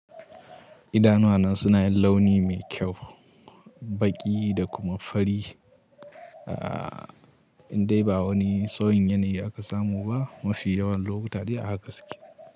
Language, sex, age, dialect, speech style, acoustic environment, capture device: Hausa, male, 18-24, Nigeria - Katsina, happy; anxious; nervous; excited; tired; relaxed; stressed; focused, people talking; music; nature; silence; traffic; construction; appliances, mobile / Linux / Chrome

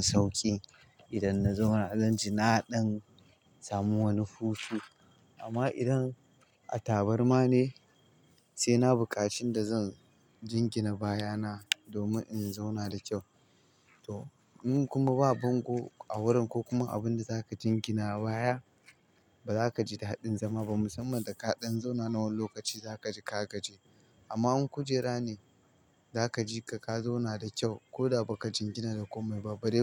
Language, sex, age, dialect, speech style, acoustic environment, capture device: Hausa, male, 25-34, Nigeria - Kano, happy, silence, mobile / Linux / Chrome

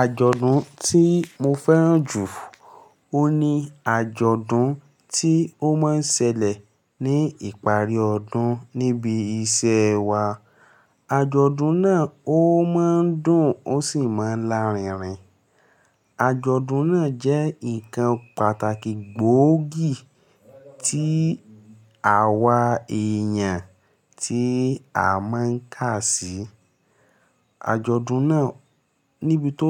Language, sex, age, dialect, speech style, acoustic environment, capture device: Yoruba, male, 18-24, Nigeria - Lagos Yoruba, focused, nature, mobile / Linux / Chrome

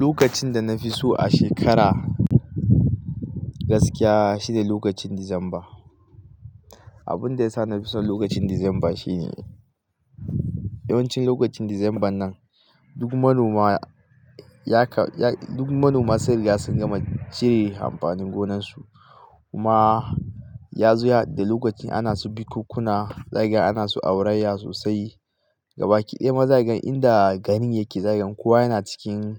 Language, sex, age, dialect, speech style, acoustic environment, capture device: Hausa, male, 25-34, Nigeria - Katsina, happy, nature, mobile / Linux / Chrome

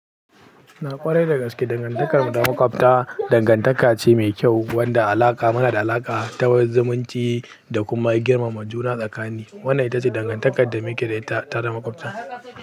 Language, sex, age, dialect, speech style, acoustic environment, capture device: Hausa, male, 18-24, Nigeria - Pidgin-influenced, happy, people talking; silence, mobile / Linux / Chrome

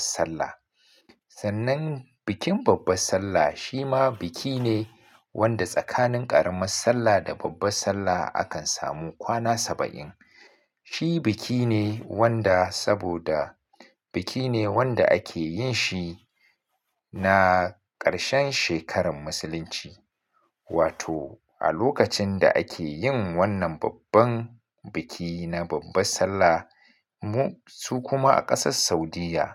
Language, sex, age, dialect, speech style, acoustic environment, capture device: Hausa, male, 35-44, Nigeria - Kano, focused; relaxed, silence, mobile / Linux / Chrome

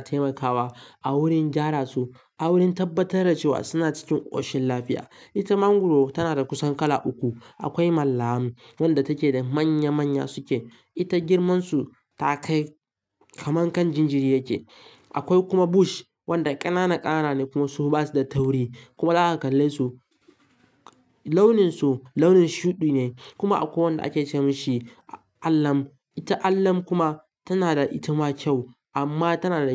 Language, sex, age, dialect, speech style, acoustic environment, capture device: Hausa, male, 18-24, Nigeria - Kano, relaxed; focused, nature, mobile / Linux / Chrome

